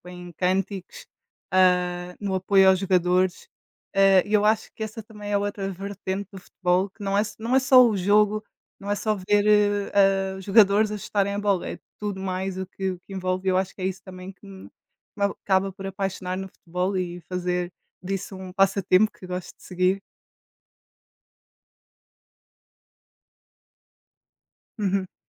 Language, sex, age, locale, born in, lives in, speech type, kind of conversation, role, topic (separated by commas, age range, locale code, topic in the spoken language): Portuguese, female, 20-24, pt-PT, Portugal, Portugal, conversation, unstructured, none, Como um passatempo pode ajudar a aliviar o estresse do dia a dia?